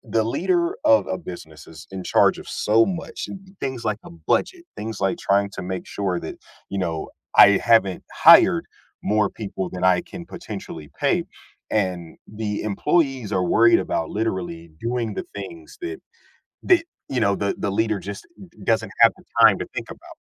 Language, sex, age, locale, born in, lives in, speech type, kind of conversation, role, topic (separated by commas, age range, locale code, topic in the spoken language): English, male, 30-34, en-US, United States, United States, conversation, podcast, guest, How do effective leaders inspire trust and bring out the best in their teams at work?